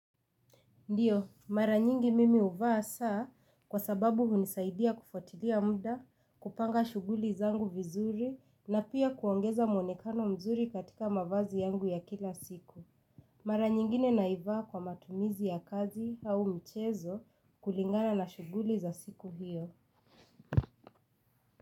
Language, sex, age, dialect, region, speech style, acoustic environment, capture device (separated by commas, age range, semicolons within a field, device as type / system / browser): Swahili, female, 25-34, Kenya - Nairobi Sheng-influenced, Kenya, excited; relaxed, silence, mobile / Linux / Chrome